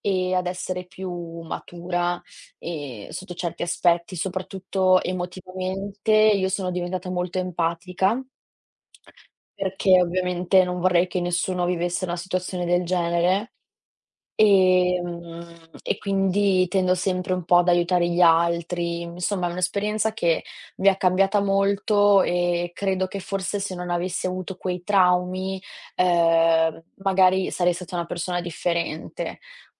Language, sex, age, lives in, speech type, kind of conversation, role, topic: Italian, female, 20-24, Italy, conversation, unstructured, none, Qual è stato un momento che ti ha fatto crescere molto?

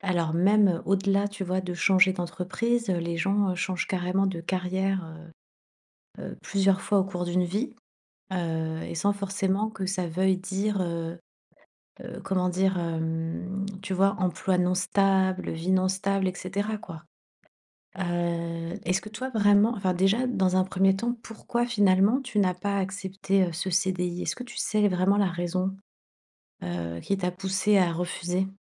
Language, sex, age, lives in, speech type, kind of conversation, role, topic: French, female, 40-44, Spain, conversation, advice, advisor, Comment puis-je mieux anticiper les conséquences à long terme de mes choix ?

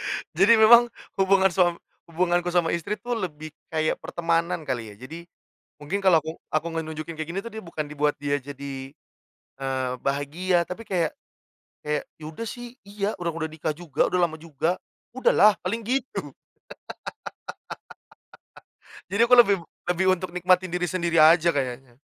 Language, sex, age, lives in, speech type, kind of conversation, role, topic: Indonesian, male, 30-34, Indonesia, conversation, podcast, guest, Kalau hidupmu punya lagu pengiring, lagu apa yang paling sering muncul dalam benakmu?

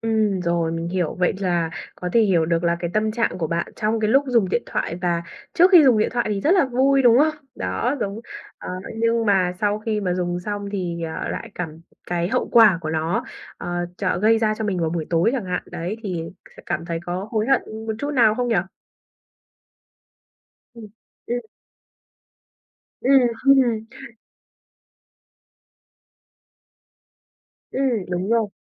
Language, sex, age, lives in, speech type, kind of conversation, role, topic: Vietnamese, female, 20-24, Vietnam, conversation, advice, advisor, Làm thế nào để tôi ngừng lướt điện thoại một cách vô thức?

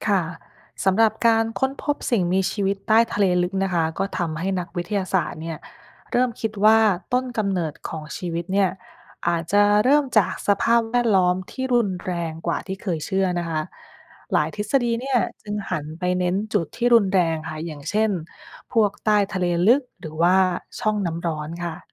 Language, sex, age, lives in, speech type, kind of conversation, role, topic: Thai, female, 30-34, United States, read, expert-assistant, expert, เราเรียนรู้อะไรเกี่ยวกับตัวเราเองจากการค้นพบสิ่งมีชีวิตแปลกๆ ใต้ทะเลลึก?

